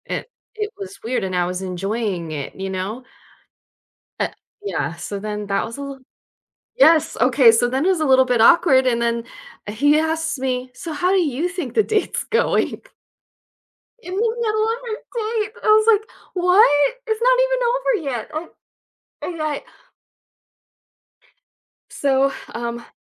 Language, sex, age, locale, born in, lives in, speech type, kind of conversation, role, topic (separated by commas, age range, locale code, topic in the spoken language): English, female, 40-44, en-US, United States, United States, conversation, advice, user, How should I follow up and move forward after an awkward first date?